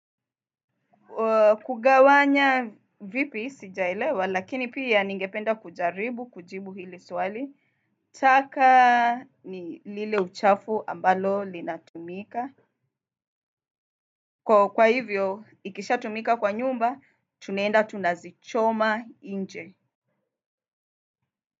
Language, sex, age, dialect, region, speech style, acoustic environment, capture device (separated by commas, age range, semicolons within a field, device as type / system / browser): Swahili, female, 25-34, Kenya - Mombasa, Kenya, happy; excited; nervous; focused; relaxed, nature, desktop / Windows / Firefox